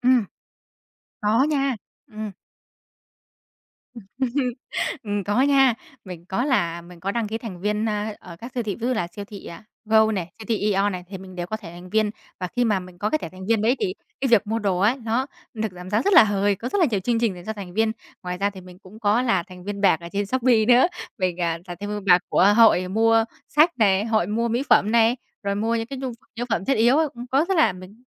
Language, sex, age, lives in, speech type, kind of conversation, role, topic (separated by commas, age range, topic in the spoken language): Vietnamese, female, 20-24, Vietnam, conversation, podcast, guest, Bạn có mẹo nào để mua đồ tạp hóa tiết kiệm không?